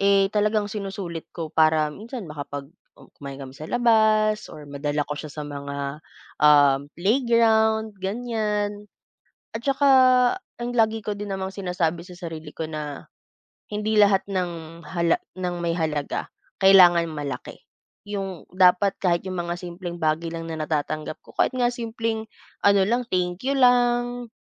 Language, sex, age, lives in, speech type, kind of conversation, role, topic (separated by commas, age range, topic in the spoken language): Filipino, female, 25-29, Philippines, conversation, unstructured, none, Paano mo pinapahalagahan ang mga simpleng bagay sa buhay?